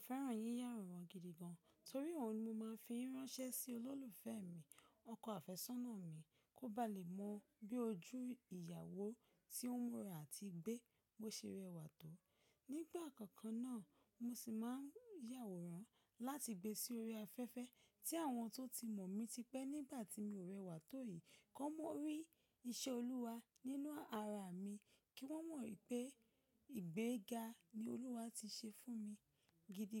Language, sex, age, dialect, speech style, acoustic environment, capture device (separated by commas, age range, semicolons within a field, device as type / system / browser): Yoruba, female, 25-34, Nigeria - Ibadan, focused, nature; appliances; silence, mobile / macOS / Safari